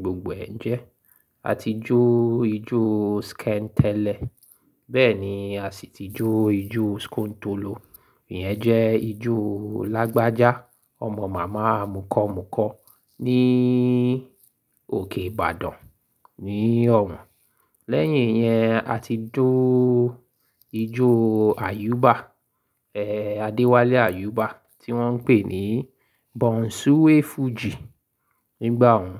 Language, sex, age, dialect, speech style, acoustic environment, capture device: Yoruba, male, 35-44, Nigeria - Lagos Yoruba, happy; focused; excited, silence; appliances; construction, mobile / Linux / Chrome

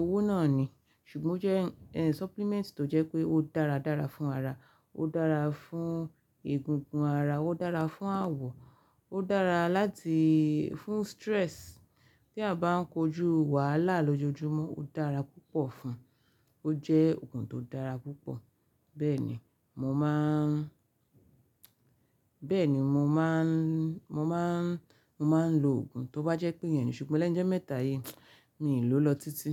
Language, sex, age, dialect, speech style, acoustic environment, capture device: Yoruba, female, 25-34, Nigeria - Ibadan, relaxed, silence, mobile / Linux / Chrome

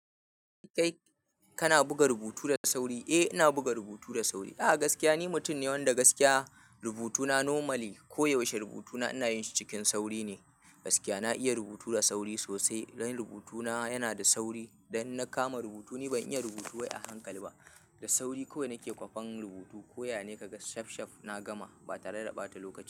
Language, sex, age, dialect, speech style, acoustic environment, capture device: Hausa, male, 25-34, Nigeria - Kano, happy; anxious; nervous; excited; focused; tired; stressed; relaxed, people talking; music; nature; silence; appliances; construction; traffic, mobile / Linux / Chrome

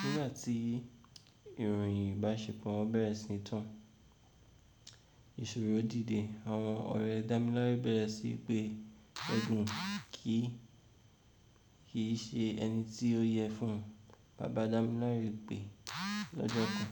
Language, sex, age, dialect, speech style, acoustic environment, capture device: Yoruba, male, 18-24, Nigeria - Ibadan, tired, nature, mobile / Linux / Chrome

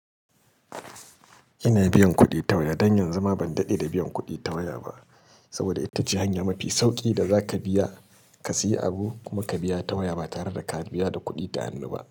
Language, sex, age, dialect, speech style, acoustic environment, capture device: Hausa, male, 35-44, Nigeria - Kano, relaxed, silence, mobile / Linux / Chrome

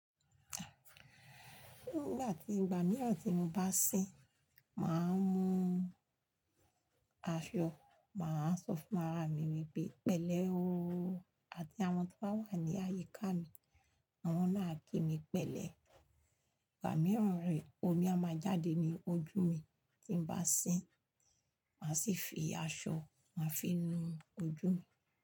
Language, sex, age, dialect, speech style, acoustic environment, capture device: Yoruba, female, 45-54, Nigeria - Lagos Yoruba, happy, silence, mobile / Linux / Chrome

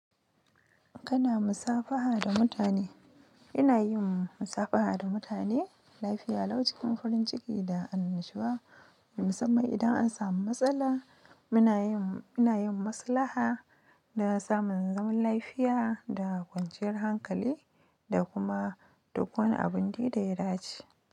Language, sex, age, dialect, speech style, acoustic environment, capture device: Hausa, female, 25-34, Nigeria - Nigerian Standard, excited; happy, silence, mobile / Linux / Chrome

